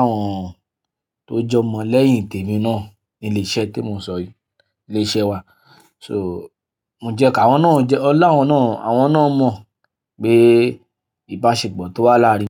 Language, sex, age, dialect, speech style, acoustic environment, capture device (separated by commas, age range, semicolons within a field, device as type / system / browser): Yoruba, male, 25-34, Nigeria - Ibadan, happy; anxious, nature, mobile / Linux / Chrome